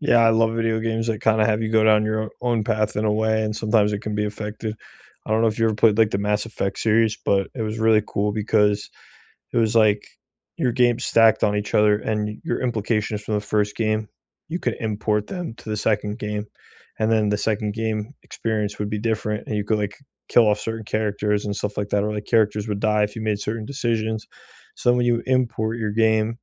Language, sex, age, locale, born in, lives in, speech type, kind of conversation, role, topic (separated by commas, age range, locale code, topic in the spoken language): English, male, 25-29, en-US, United States, United States, conversation, unstructured, none, Which video games unexpectedly moved you with their storytelling, and what about them stayed with you?